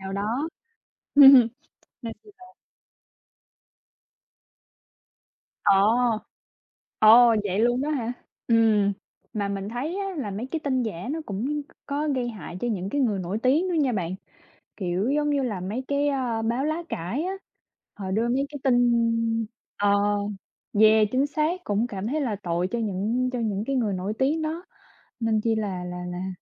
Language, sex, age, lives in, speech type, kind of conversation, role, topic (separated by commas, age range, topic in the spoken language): Vietnamese, male, 20-24, United States, conversation, unstructured, none, Tin giả lan truyền nhanh có gây hại cho xã hội không?